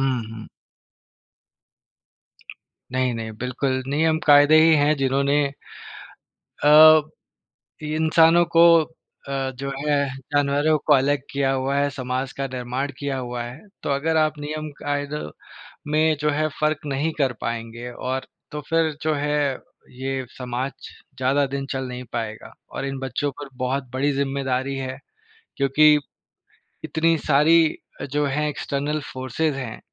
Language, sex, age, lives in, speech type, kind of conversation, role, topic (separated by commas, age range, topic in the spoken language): Hindi, male, 30-34, India, conversation, podcast, host, Bachchon ko traditions sikhaane ka aapka ya aapki community ka tareeqa kya hai?